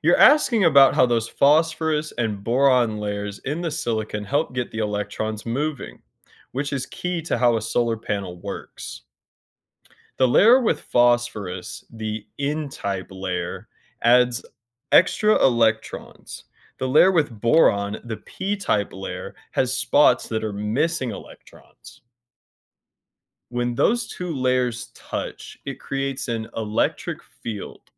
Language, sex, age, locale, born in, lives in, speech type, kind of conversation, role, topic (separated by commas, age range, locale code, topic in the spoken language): English, male, 25-29, en-US, United States, United States, read, expert-assistant, expert, How do solar panels generate electricity?